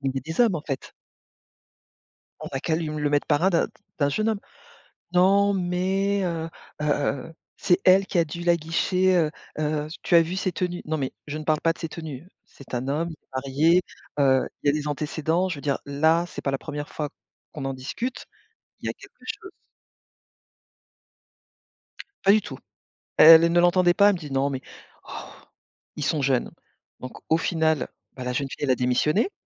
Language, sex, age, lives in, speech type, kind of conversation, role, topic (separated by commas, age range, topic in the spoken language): French, female, 45-49, France, conversation, podcast, guest, Peux-tu raconter un moment où tu as dit non pour te protéger ?